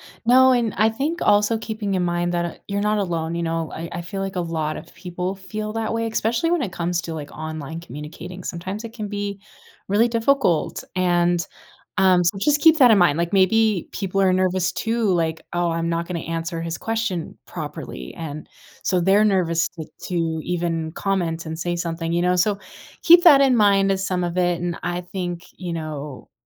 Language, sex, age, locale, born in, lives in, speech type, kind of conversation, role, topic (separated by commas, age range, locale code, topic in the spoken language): English, female, 25-29, en-US, United States, United States, conversation, advice, advisor, How can I start conversations confidently?